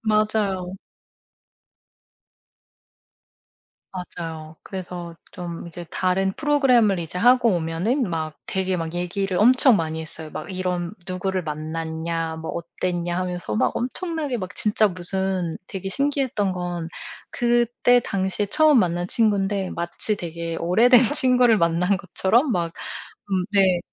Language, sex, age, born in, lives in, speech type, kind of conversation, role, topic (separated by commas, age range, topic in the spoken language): Korean, female, 40-44, South Korea, United States, conversation, podcast, guest, 우연히 만난 사람 때문에 인생이 바뀐 적이 있으신가요?